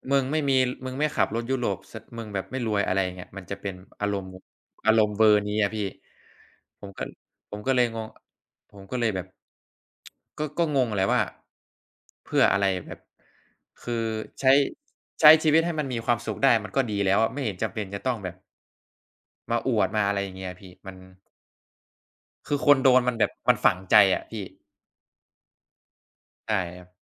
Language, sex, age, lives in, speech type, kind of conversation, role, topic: Thai, male, 20-24, Thailand, conversation, unstructured, none, การเรียนออนไลน์กับการเรียนในห้องเรียนแตกต่างกันอย่างไร?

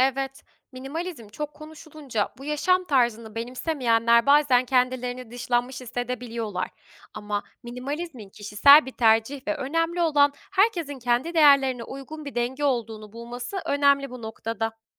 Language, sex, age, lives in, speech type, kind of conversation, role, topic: Turkish, female, 25-29, Germany, read, expert-assistant, expert, Minimalist bir yaşam tarzının popülerleşmesinin arkasındaki temel sebepler nelerdir?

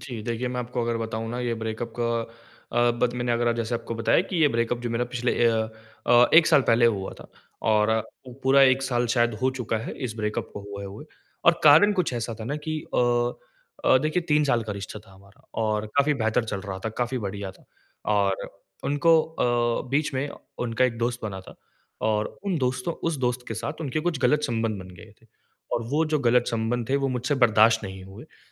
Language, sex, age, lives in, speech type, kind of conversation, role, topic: Hindi, male, 20-24, India, conversation, advice, user, टूटने के बाद नए रिश्ते में आत्म-देखभाल और व्यक्तिगत सीमाएँ कैसे तय करूँ?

